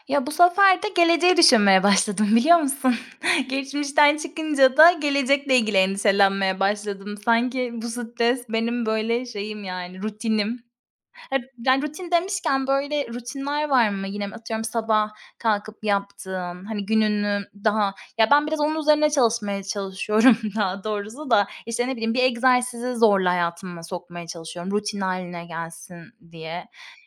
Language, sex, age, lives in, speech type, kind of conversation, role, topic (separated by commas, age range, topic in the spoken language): Turkish, female, 30-34, Spain, conversation, unstructured, none, Stresle başa çıkmanın en etkili yolu nedir?